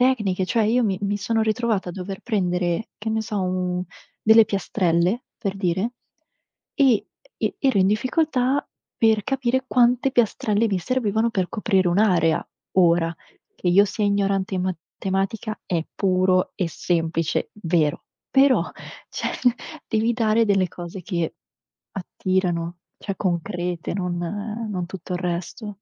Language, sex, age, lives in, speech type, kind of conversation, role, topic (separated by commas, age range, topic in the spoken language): Italian, female, 25-29, Italy, conversation, unstructured, none, È giusto assegnare molti compiti a casa?